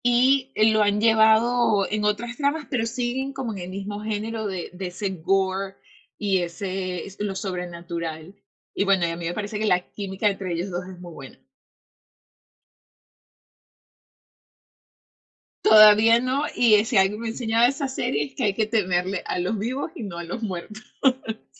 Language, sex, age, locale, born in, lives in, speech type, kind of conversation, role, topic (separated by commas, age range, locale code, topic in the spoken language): Spanish, female, 25-29, es-VE, Venezuela, United States, conversation, unstructured, none, ¿Cuáles son las mejores series para maratonear durante el fin de semana?